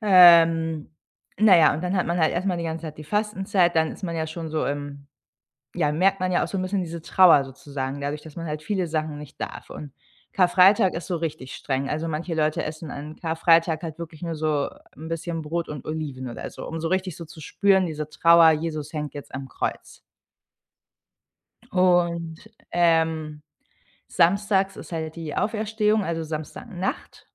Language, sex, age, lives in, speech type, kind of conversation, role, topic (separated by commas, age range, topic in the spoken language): German, female, 30-34, Germany, conversation, podcast, guest, Wie feiert ihr Feste, wenn mehrere Kulturen zusammenkommen?